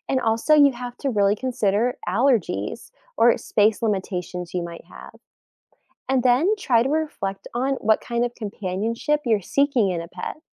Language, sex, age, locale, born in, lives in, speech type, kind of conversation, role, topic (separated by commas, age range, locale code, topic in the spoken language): English, female, 20-24, en-US, United States, United States, read, expert-assistant, expert, What are the benefits of having pets for emotional well-being?